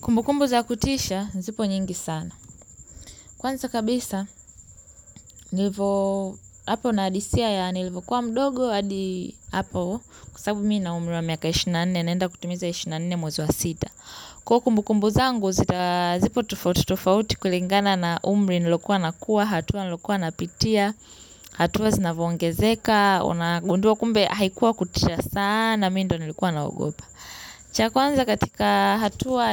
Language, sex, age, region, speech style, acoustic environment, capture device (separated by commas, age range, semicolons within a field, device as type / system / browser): Swahili, female, 18-24, Tanzania, happy, nature, mobile / Linux / Chrome